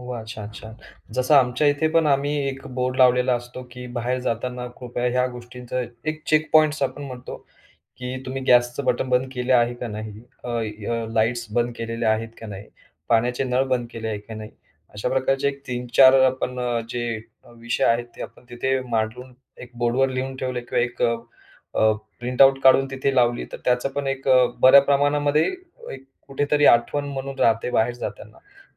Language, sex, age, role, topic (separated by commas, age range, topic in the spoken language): Marathi, male, 35-39, host, घरात पाणी वाचवण्यासाठी कोणते सोपे उपाय करता येतील?